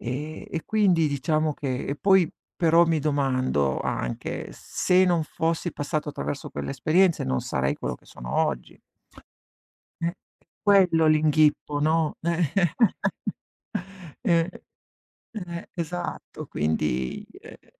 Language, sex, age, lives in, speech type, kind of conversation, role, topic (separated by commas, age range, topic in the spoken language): Italian, male, 40-44, Italy, conversation, unstructured, none, Cosa faresti se potessi rivivere un giorno della tua vita?